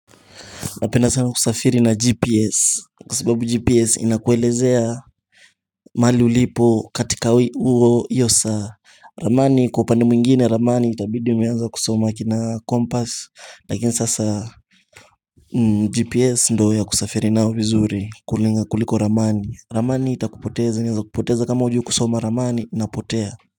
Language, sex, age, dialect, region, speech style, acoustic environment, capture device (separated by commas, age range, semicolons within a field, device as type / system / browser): Swahili, male, 18-24, Kenya - Mombasa, Kenya, happy; anxious; excited, music; nature, mobile / Linux / Chrome